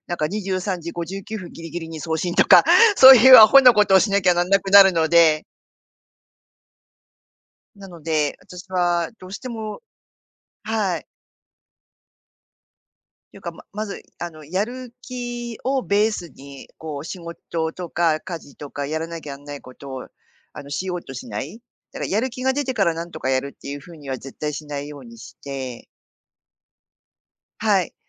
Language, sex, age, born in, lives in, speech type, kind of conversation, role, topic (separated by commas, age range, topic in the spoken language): Japanese, female, 50-54, Japan, Japan, conversation, podcast, guest, やる気が出ないとき、どうやって自分を動かしますか？